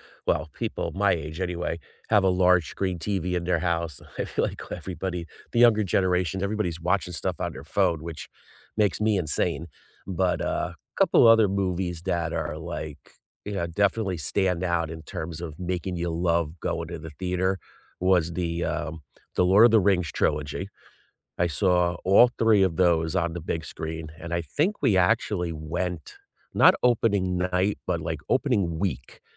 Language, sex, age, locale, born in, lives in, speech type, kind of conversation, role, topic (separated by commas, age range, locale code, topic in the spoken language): English, male, 50-54, en-US, United States, United States, conversation, unstructured, none, What was the first movie that made you fall in love with going to the theater, and how does the theater experience compare with watching at home?